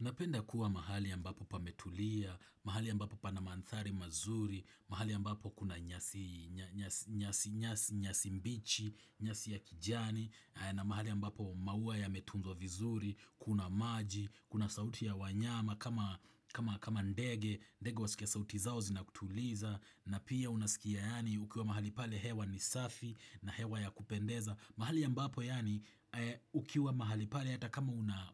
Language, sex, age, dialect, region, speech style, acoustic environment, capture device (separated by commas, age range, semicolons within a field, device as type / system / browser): Swahili, male, 25-34, Kenya - Nairobi Sheng-influenced, Kenya, happy, appliances, desktop / Windows / Chrome